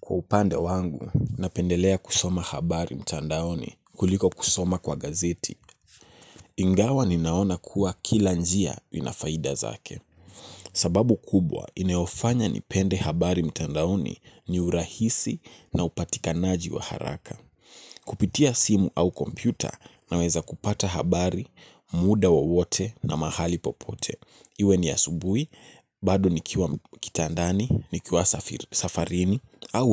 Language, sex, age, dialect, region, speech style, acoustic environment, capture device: Swahili, male, 18-24, Kenya - Nairobi Sheng-influenced, Kenya, relaxed, nature; traffic; appliances, mobile / Linux / Chrome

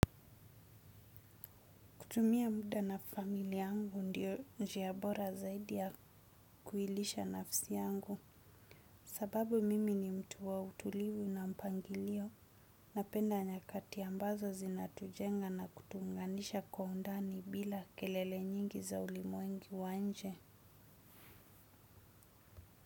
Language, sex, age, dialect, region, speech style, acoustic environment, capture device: Swahili, female, 25-34, Kenya - Mombasa, Kenya, focused, silence, desktop / Windows / Chrome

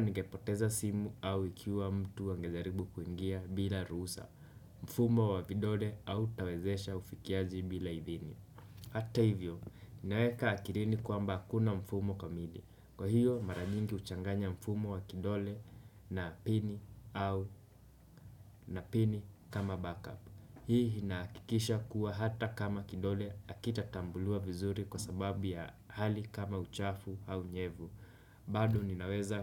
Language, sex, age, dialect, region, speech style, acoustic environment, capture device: Swahili, male, 18-24, Kenya - Nairobi Sheng-influenced, Kenya, relaxed, silence, desktop / Windows / Chrome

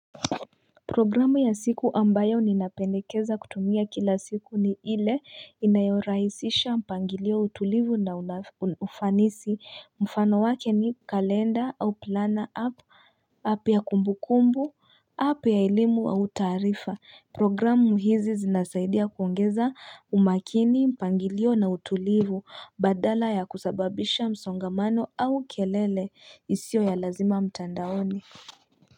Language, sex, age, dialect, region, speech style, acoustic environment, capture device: Swahili, female, 25-34, Kenya - Nairobi Sheng-influenced, Kenya, tired, people talking; nature; music, mobile / Linux / Chrome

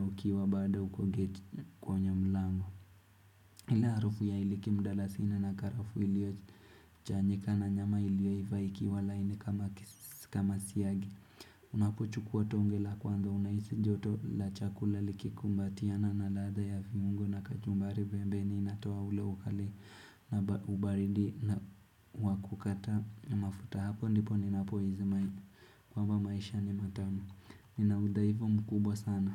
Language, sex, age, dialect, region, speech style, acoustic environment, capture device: Swahili, male, 18-24, Kenya - Nairobi Sheng-influenced, Kenya, happy; focused, nature; silence, desktop / Windows / Chrome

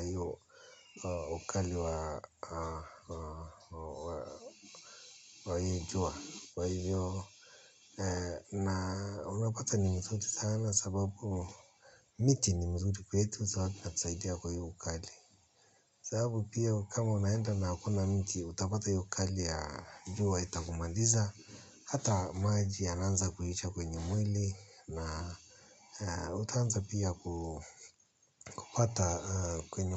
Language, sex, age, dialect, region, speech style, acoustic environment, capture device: Swahili, male, 35-44, Kenya - Nairobi Sheng-influenced, Kenya, happy, silence, mobile / Linux / Chrome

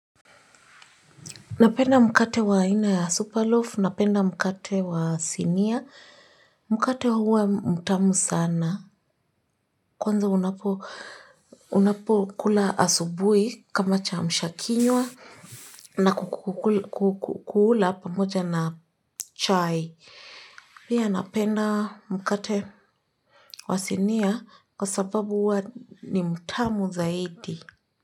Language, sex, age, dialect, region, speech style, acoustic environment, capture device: Swahili, female, 25-34, Kenya - Nairobi Sheng-influenced, Kenya, relaxed, silence, mobile / Linux / Chrome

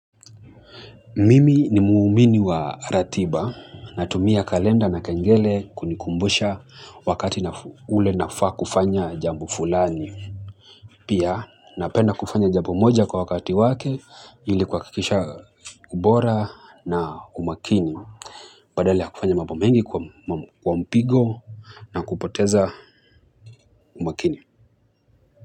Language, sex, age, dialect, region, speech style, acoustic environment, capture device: Swahili, male, 25-34, Kenya - Mombasa, Kenya, nervous; excited, people talking, mobile / Linux / Chrome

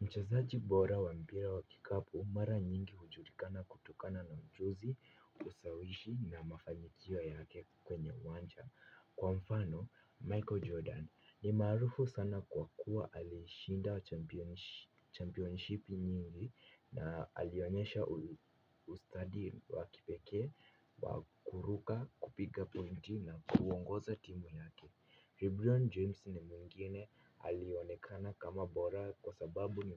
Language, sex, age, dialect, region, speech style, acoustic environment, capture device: Swahili, female, 25-34, Kenya - Nairobi Sheng-influenced, Kenya, happy; relaxed, nature; silence, mobile / Linux / Chrome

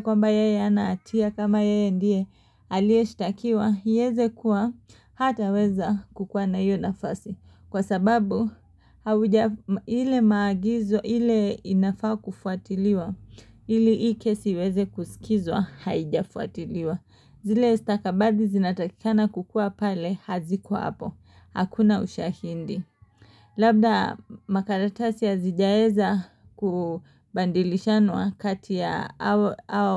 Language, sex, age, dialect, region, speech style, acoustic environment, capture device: Swahili, female, 25-34, Kenya - Mombasa, Kenya, happy, silence, mobile / Linux / Chrome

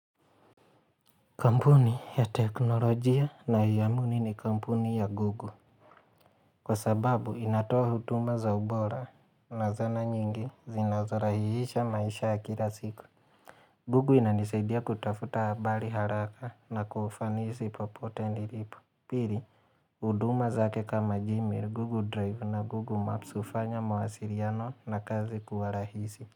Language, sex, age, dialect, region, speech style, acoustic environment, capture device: Swahili, male, 25-34, Kenya - Mombasa, Kenya, relaxed; focused, silence; nature, mobile / Linux / Chrome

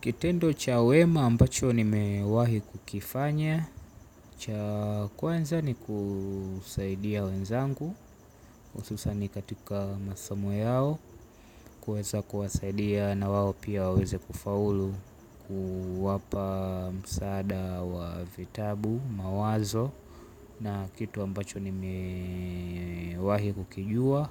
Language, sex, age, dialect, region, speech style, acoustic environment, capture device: Swahili, male, 18-24, Tanzania - Dar es Salaam, Tanzania, relaxed, nature; silence, mobile / Linux / Chrome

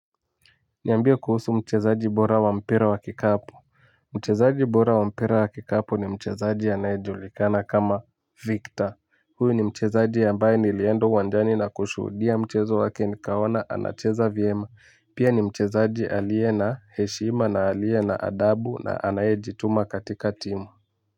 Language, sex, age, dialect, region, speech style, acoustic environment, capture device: Swahili, male, 18-24, Kenya - Nairobi Sheng-influenced, Kenya, excited; focused, music; nature; people talking, mobile / Linux / Chrome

